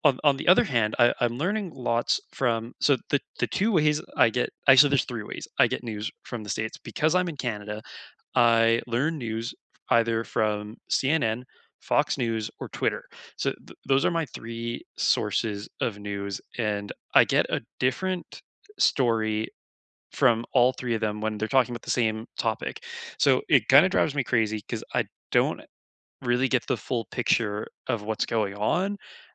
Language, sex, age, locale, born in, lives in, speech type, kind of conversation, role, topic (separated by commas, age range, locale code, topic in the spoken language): English, male, 25-29, en-CA, Canada, Canada, conversation, unstructured, none, What is one new thing you learned this week?